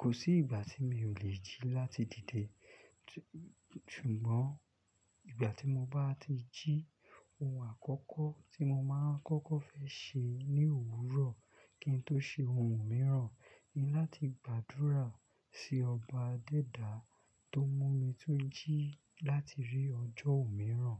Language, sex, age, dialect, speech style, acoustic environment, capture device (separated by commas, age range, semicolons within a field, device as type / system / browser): Yoruba, male, 25-34, Nigeria - Lagos Yoruba, relaxed, silence, desktop / Linux / Chrome